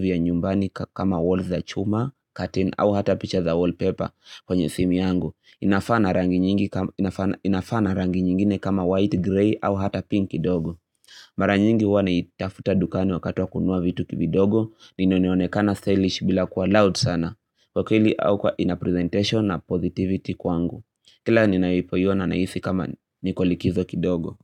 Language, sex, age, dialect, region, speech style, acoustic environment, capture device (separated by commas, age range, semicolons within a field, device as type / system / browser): Swahili, male, 18-24, Kenya - Nairobi Sheng-influenced, Kenya, happy; excited, silence, mobile / Linux / Chrome